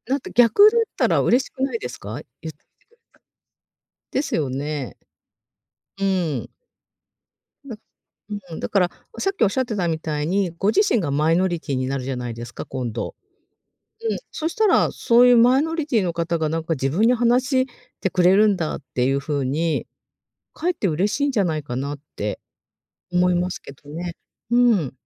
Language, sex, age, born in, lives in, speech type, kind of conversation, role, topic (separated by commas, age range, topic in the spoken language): Japanese, female, 50-54, Japan, Japan, conversation, advice, advisor, 引っ越し後に新しい友達を作れるか不安なのですが、どうしたらよいですか？